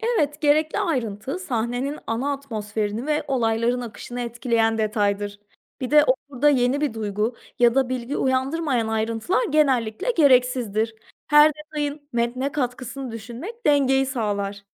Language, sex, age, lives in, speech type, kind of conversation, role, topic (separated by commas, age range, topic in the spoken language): Turkish, female, 30-34, Portugal, read, expert-assistant, expert, Haber yazarken okuyucunun ilgisini çekmek için hangi yöntemleri kullanabilirim? Etkili hikâye anlatımında hangi beceriler önemli?